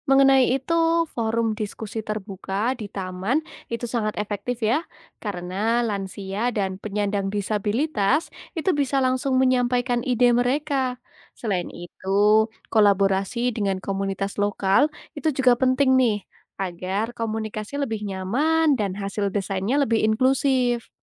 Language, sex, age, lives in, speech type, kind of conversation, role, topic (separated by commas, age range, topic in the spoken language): Indonesian, female, 20-24, Indonesia, read, expert-assistant, expert, Bagaimana jika kita mendesain ulang ruang publik kota agar lebih inklusif untuk semua kelompok usia dan latar belakang sosial?